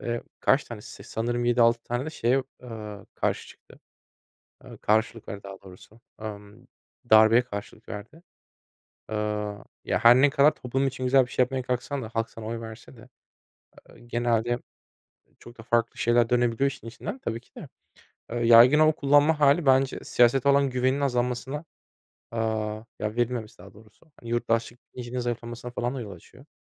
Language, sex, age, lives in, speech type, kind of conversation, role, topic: Turkish, male, 25-29, Germany, conversation, unstructured, none, Seçimlerde oy kullanmamak ne gibi sonuçlar doğurur?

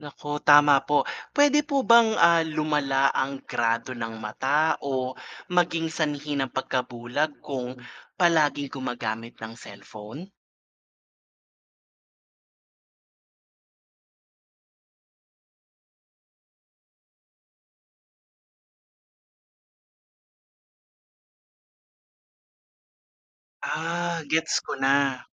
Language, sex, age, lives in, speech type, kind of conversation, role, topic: Filipino, male, 45-49, Philippines, read, expert-assistant, asker, Ano ang mga epekto ng labis na paggamit ng cellphone sa kalusugan ng mata?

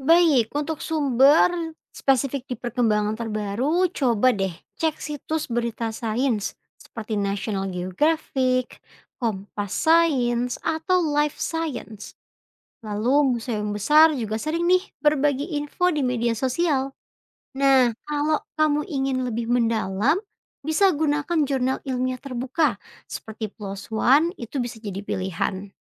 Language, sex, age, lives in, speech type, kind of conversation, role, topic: Indonesian, female, 25-29, Indonesia, read, expert-assistant, expert, Bagaimana sebaiknya saya mulai jika ingin memahami dunia fosil yang sangat luas?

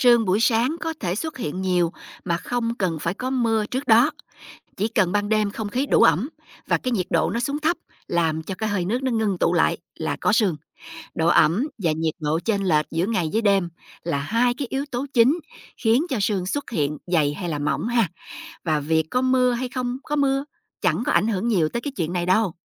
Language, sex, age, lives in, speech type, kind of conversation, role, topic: Vietnamese, female, 45-49, United States, read, expert-assistant, expert, Vì sao khi ta thở ra vào mùa đông, hơi thở lại nhìn thấy được?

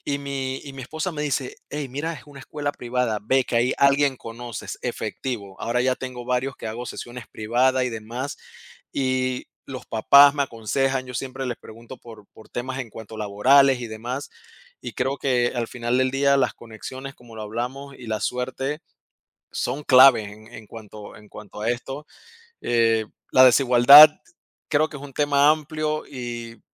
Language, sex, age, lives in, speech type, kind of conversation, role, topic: Spanish, male, 30-34, United States, conversation, unstructured, none, ¿Cómo afecta la desigualdad económica a la vida diaria?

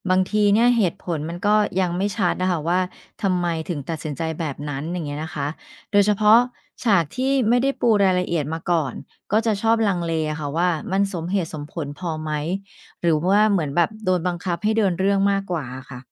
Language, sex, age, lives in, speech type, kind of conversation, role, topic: Thai, female, 45-49, Thailand, read, expert-assistant, asker, จะเขียนนิยายยังไงให้ลื่นเมื่อรู้สึกตัน?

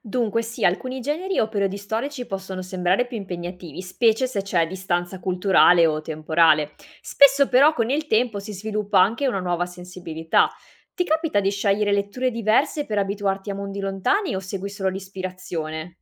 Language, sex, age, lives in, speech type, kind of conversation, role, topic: Italian, female, 25-29, Italy, read, expert-assistant, expert, Fra due romanzi di paesi diversi che trattano lo stesso tema, su cosa ti baseresti per scegliere quale leggere prima: il contesto culturale più vicino al tuo o quello che ti incuriosisce di più?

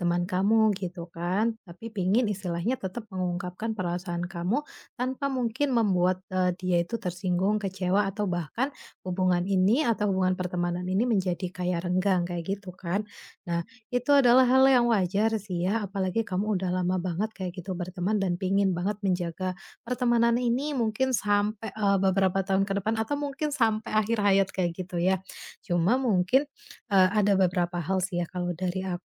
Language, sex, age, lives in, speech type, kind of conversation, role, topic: Indonesian, female, 30-34, Indonesia, conversation, advice, advisor, Bagaimana saya bisa menetapkan batas yang sehat dalam persahabatan?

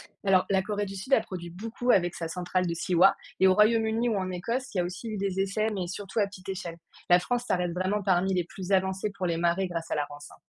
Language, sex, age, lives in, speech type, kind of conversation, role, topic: French, female, 30-34, France, read, expert-assistant, expert, Vaut-il mieux miser sur l’énergie des marées ou celle des vagues pour produire de l’électricité en France ?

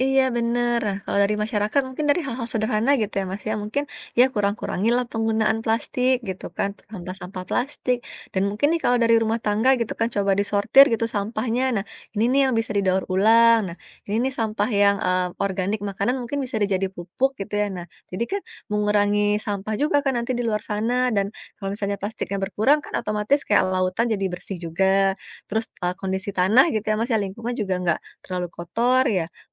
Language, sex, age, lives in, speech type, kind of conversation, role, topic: Indonesian, female, 30-34, Indonesia, conversation, unstructured, none, Apa yang membuatmu sedih tentang perubahan iklim saat ini?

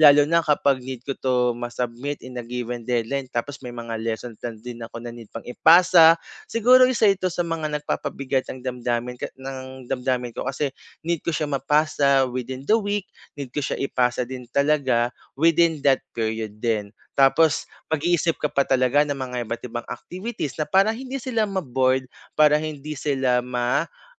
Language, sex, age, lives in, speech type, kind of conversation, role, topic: Filipino, male, 25-29, Philippines, conversation, advice, user, Paano ako makakapaglaan ng regular na oras para magpahinga?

